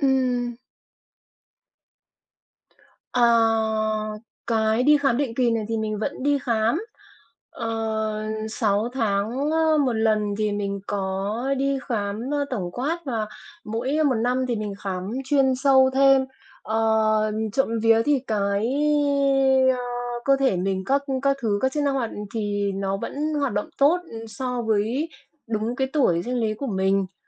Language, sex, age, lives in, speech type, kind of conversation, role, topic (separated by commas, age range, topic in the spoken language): Vietnamese, female, 45-49, Vietnam, conversation, advice, user, Vì sao tôi thường bị hoảng loạn hoặc tim đập nhanh khi căng thẳng?